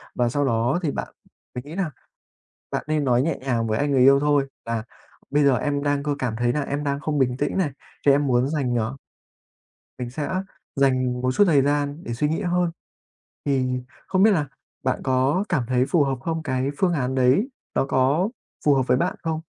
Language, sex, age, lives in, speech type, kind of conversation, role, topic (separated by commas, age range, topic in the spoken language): Vietnamese, male, 20-24, Vietnam, conversation, advice, advisor, Làm sao để kiểm soát cảm xúc khi tranh cãi với người yêu để tránh làm tổn thương nhau?